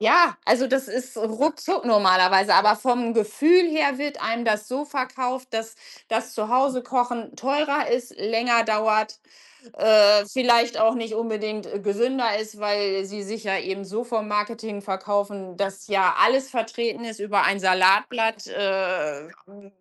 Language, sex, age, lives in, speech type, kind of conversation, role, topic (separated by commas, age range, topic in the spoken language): German, female, 45-49, Germany, conversation, unstructured, none, Wie stehst du zu Fastfood in deinem Leben?